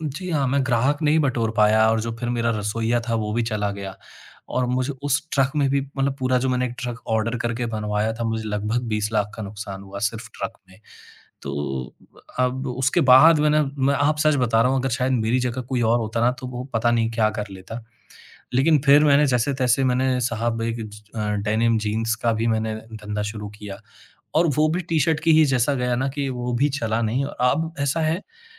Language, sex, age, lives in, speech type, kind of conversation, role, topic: Hindi, male, 30-34, India, conversation, advice, user, किसी बड़े काम की शुरुआत करने में डर लगने और धीरे-धीरे पिछड़ने से कैसे निपटूँ?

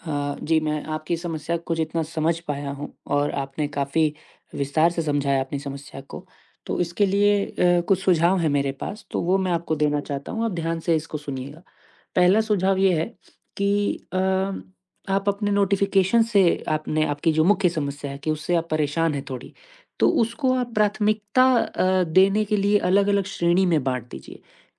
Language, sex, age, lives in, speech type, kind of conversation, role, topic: Hindi, male, 20-24, India, conversation, advice, advisor, मैं अनचाही सूचनाओं से थक गया/थक गई हूँ—मैं इन्हें कैसे कम करूँ?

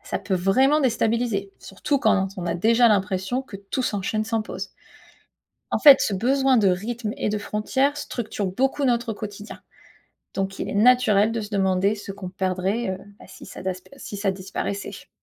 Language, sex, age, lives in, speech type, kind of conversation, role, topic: French, female, 35-39, United States, read, expert-assistant, expert, La disparition du sommeil bouleverserait-elle notre équilibre et nos relations ?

